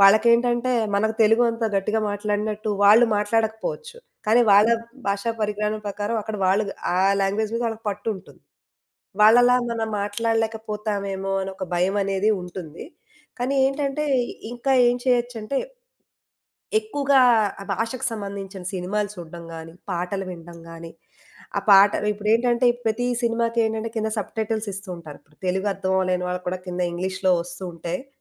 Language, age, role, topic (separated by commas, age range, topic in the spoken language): Telugu, 35-39, guest, భాషలు నేర్చుకోవడం మీకు ఎలా అనిపిస్తుంది, దానికి మీ దగ్గర పనికొచ్చే చిట్కాలు ఏవైనా ఉన్నాయా?